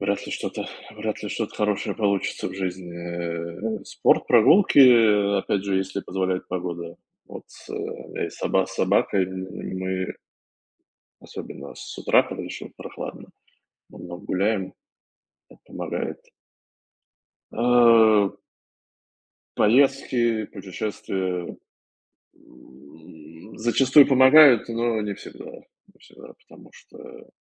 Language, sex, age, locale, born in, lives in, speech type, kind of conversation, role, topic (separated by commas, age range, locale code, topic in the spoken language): Russian, male, 35-39, ru-RU, Russia, United States, conversation, unstructured, none, Как ты обычно справляешься со стрессом в повседневной жизни?